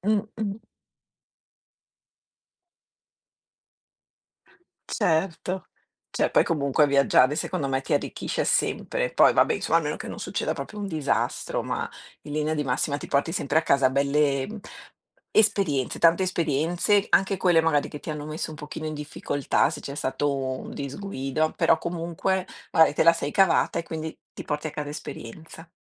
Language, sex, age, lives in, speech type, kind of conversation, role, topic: Italian, female, 50-54, Italy, conversation, unstructured, none, Preferisci viaggiare da solo o in compagnia?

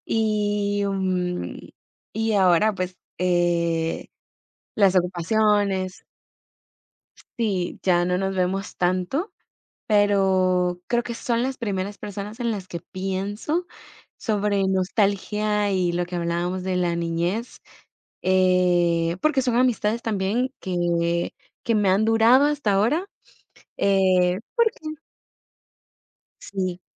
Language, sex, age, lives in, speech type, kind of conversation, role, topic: Spanish, female, 18-19, United States, conversation, unstructured, none, ¿Tienes algún recuerdo que te haga sentir nostalgia sin tristeza?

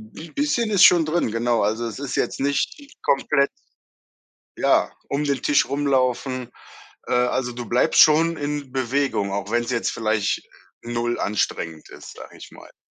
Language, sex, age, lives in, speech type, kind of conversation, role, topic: German, male, 35-39, Germany, conversation, podcast, host, Welches Hobby hilft dir am besten, um zu entspannen?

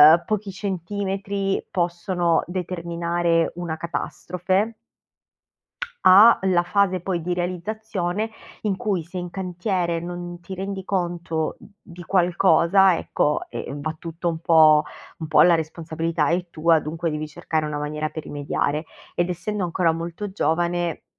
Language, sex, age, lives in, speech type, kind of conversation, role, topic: Italian, female, 30-34, Italy, conversation, advice, user, Quali blocchi legati al perfezionismo ti impediscono di iniziare nuovi progetti?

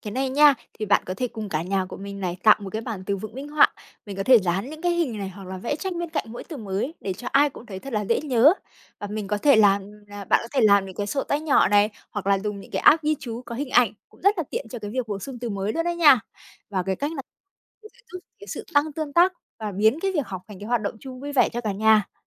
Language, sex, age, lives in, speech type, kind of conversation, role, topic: Vietnamese, female, 25-29, Vietnam, read, expert-assistant, expert, Nếu muốn duy trì tiếng của dân tộc mình trong gia đình, nên bắt đầu từ đâu và học như thế nào cho tự nhiên?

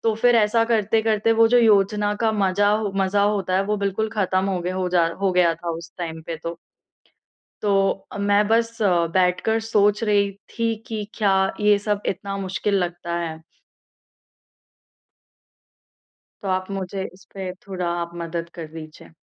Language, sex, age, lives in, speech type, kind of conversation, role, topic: Hindi, female, 20-24, India, conversation, advice, user, यात्रा की योजना बनाना चुनौतीपूर्ण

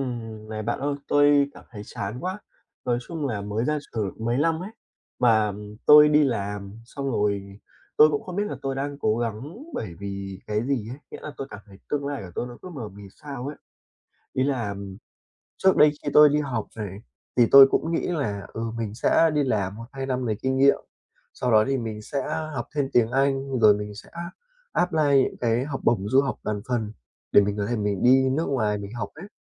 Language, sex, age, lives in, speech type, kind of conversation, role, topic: Vietnamese, male, 20-24, Vietnam, conversation, advice, user, Làm sao để tìm lại động lực dài hạn và biết mình nên nỗ lực vì điều gì?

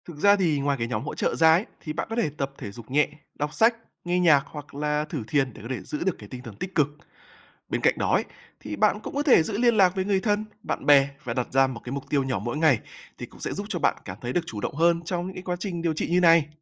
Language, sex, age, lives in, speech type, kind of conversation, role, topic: Vietnamese, male, 20-24, Vietnam, read, expert-assistant, expert, Khi đứng trước lựa chọn điều trị, làm sao để biết đâu là quyết định phù hợp với sức khỏe lâu dài của mình?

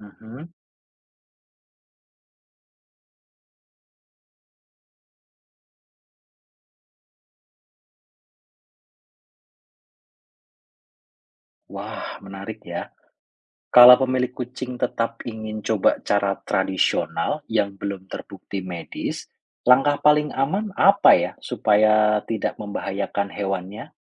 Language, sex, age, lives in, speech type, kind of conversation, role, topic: Indonesian, male, 35-39, Indonesia, read, expert-assistant, asker, Bagaimana cara mengetahui apakah informasi kesehatan hewan yang kita temukan benar-benar akurat?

